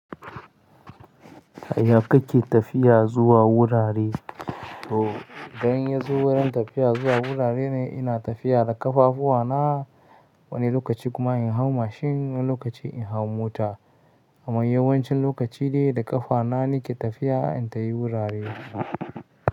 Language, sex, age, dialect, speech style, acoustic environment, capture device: Hausa, male, 25-34, Nigeria - Katsina, happy; excited, silence, mobile / Linux / Chrome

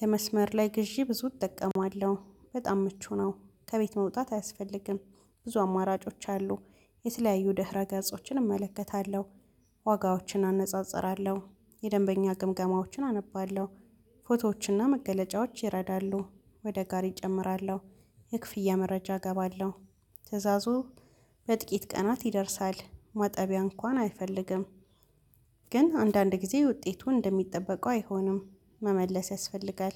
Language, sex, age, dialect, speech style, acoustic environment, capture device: Amharic, female, 25-34, Ethiopia - Addis Ababa, relaxed; focused, nature, mobile / Linux / Chrome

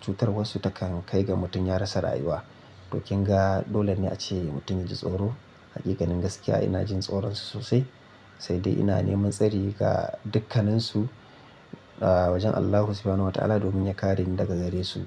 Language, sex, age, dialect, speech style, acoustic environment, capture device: Hausa, male, 25-34, Nigeria - Kano, happy, silence, mobile / Linux / Chrome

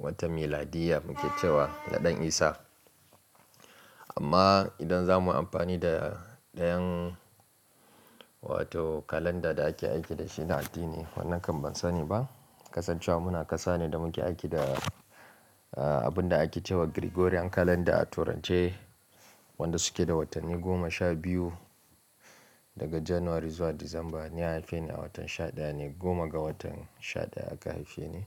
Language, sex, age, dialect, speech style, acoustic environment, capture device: Hausa, male, 25-34, Nigeria - Kano, relaxed; focused, nature; silence, mobile / Linux / Chrome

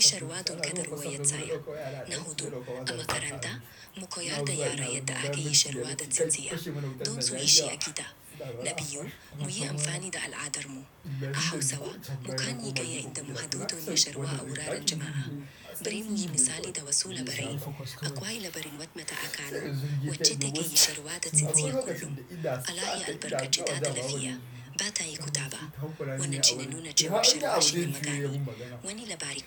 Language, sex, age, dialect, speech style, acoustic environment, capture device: Hausa, male, 18-24, Nigeria - Kano, relaxed, appliances, desktop / Windows / Chrome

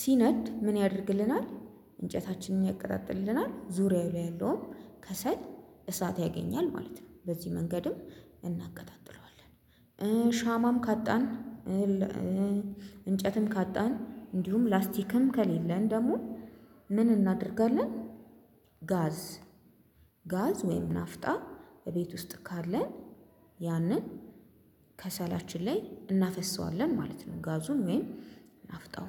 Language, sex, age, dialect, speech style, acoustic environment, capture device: Amharic, female, 25-34, Ethiopia - Addis Ababa, excited, silence, mobile / Linux / Chrome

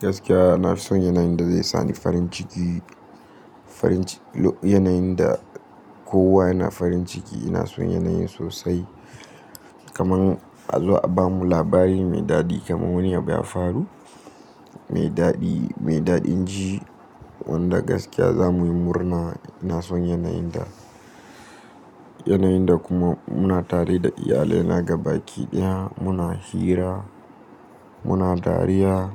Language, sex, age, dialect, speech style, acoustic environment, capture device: Hausa, male, 18-24, Nigeria - Nigerian Standard, relaxed, nature; appliances, mobile / Linux / Chrome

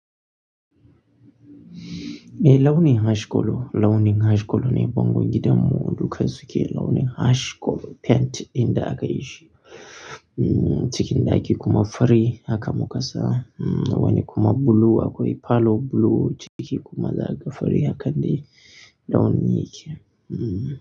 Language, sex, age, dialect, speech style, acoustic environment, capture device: Hausa, male, 25-34, Nigeria - Nigerian Standard, happy, people talking, mobile / Linux / Chrome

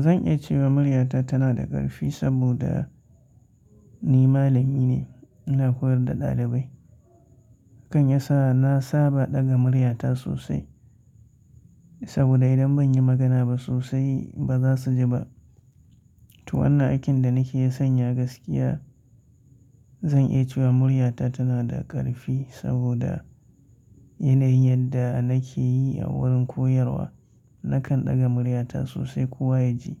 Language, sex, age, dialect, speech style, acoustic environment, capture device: Hausa, male, 25-34, Nigeria - Katsina, tired, silence; nature, mobile / Linux / Chrome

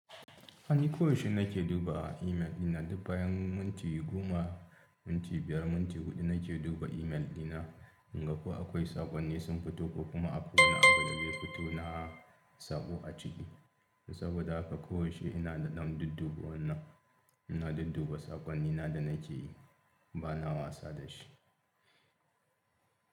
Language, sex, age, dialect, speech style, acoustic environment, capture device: Hausa, male, 35-44, Nigeria - Kano, happy, people talking; appliances, mobile / Linux / Chrome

